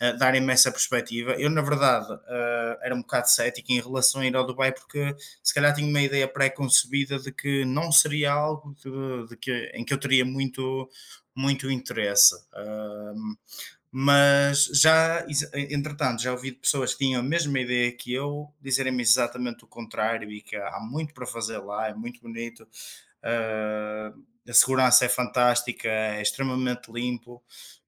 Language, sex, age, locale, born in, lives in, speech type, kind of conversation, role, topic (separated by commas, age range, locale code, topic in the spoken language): Portuguese, male, 25-29, pt-PT, Portugal, Portugal, conversation, unstructured, none, O que faz uma viagem ser realmente inesquecível para você?